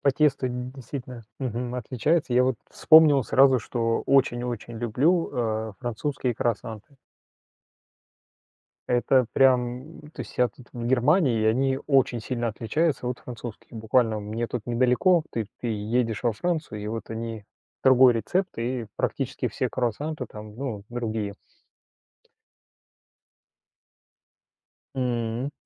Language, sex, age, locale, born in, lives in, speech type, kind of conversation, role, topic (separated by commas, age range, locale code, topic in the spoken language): Russian, male, 45-49, ru-RU, Russia, Germany, conversation, unstructured, none, Какая еда делает ваш день лучше?